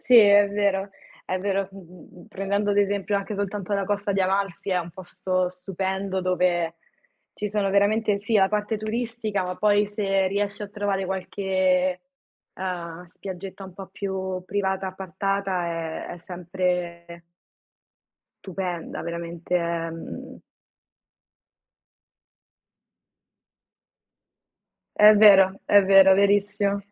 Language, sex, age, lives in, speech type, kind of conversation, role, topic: Italian, female, 20-24, Italy, conversation, unstructured, none, Che cosa ti rende orgoglioso del tuo paese?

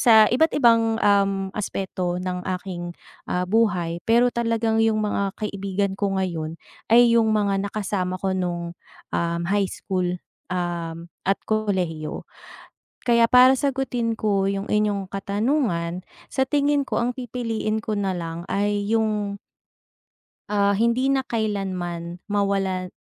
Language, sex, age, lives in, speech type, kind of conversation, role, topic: Filipino, female, 30-34, Philippines, conversation, unstructured, none, Mas gusto mo bang lagi kang may pagkakataong makakilala ng bagong kaibigan o lagi kang may pagkakataong makasama ang matagal mo nang kaibigan?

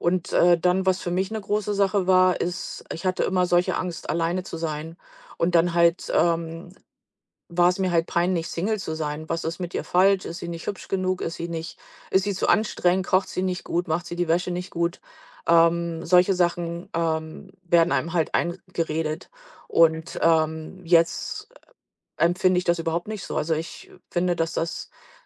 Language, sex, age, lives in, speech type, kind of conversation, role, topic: German, female, 45-49, United States, conversation, podcast, guest, Wie kann man Vertrauen zwischen Partnern aufbauen?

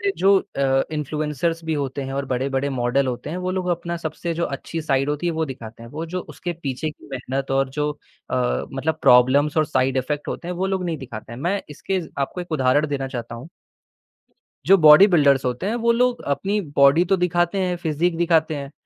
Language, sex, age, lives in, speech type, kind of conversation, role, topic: Hindi, male, 18-19, India, conversation, podcast, guest, सोशल मीडिया आपके पहनावे और स्टाइल को कैसे बदलता है?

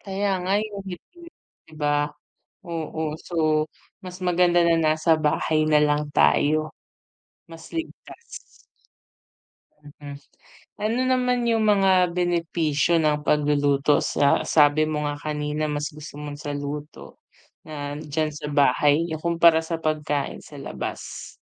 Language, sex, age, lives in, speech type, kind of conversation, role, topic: Filipino, female, 30-34, Philippines, conversation, unstructured, none, Mas gusto mo bang magluto sa bahay o kumain sa labas?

